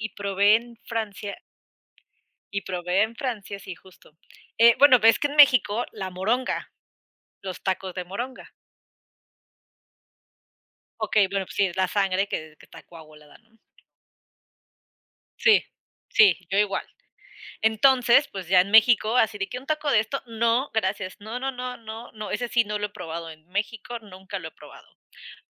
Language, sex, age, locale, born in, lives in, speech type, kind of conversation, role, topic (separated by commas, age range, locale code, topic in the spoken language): Spanish, female, 25-29, es-US, Mexico, United States, conversation, unstructured, none, ¿Qué es lo más extraño que has comido durante un viaje?